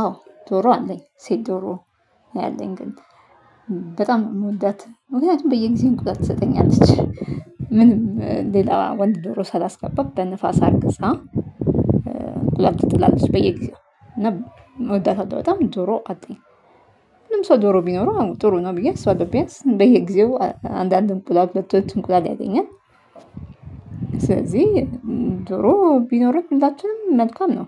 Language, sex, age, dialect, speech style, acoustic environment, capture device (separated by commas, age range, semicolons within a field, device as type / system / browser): Amharic, female, 35-44, Ethiopia - Addis Ababa, focused, nature, mobile / Linux / Chrome